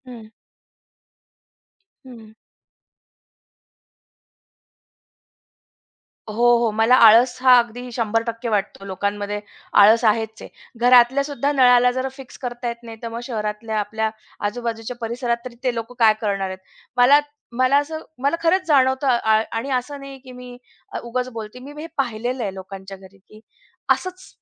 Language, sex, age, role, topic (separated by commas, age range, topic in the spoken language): Marathi, female, 35-39, guest, जलस्त्रोतांचा आदर आपण कधी आणि कसा शिकला?